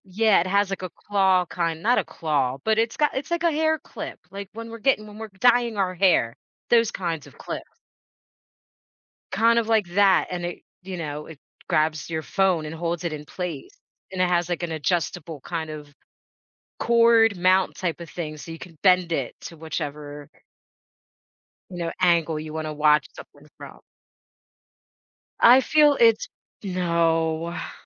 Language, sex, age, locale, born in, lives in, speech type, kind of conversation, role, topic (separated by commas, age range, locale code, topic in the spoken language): English, female, 40-44, en-US, United States, United States, conversation, podcast, host, How do you balance screen time and offline time?